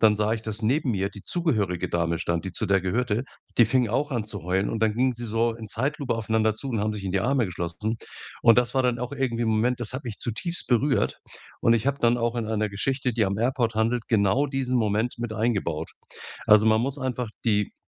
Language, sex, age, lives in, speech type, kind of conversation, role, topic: German, male, 65-69, Germany, conversation, podcast, guest, Was ist dein Lieblingsort, an dem du besonders kreativ bist?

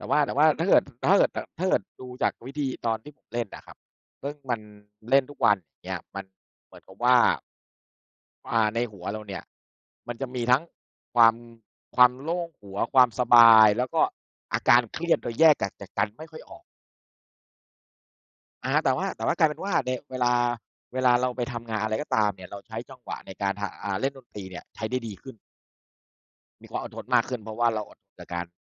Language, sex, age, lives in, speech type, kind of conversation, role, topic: Thai, male, 40-44, Thailand, conversation, unstructured, none, คุณชอบฟังเพลงหรือเล่นดนตรีมากกว่ากัน?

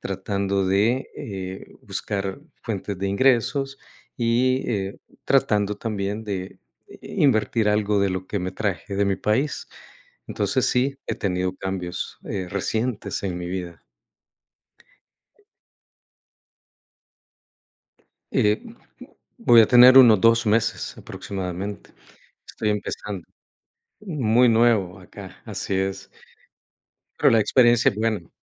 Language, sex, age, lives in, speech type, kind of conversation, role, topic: Spanish, male, 55-59, United States, conversation, unstructured, none, ¿Cómo manejas tu presupuesto mensual?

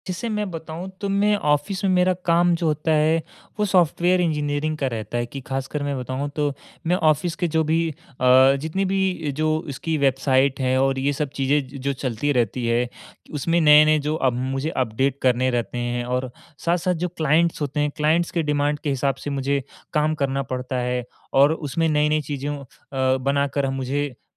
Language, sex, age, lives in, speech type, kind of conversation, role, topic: Hindi, male, 18-19, India, conversation, advice, user, मुझे काम और निजी जीवन के बीच सीमाएँ तय करना कहाँ से शुरू करना चाहिए?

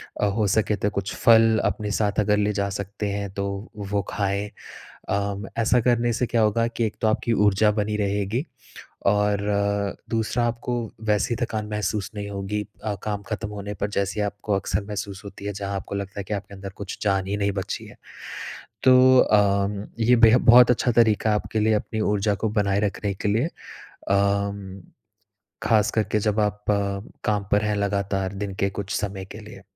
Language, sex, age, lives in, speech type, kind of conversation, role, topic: Hindi, male, 30-34, India, conversation, advice, advisor, मुझे प्रेरणा बनाए रखना मुश्किल लगता है, मैं कैसे लगातार काम कर सकूँ?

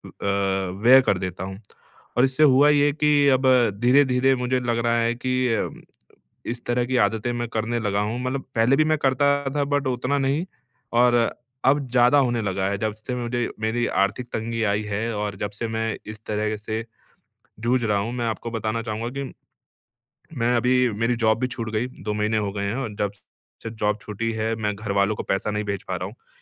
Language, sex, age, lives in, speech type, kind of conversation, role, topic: Hindi, male, 30-34, India, conversation, advice, user, जब मुझे लगे कि मैंने कुछ गलत किया है, तो मैं अपराधबोध और शर्म को कैसे संभालूँ?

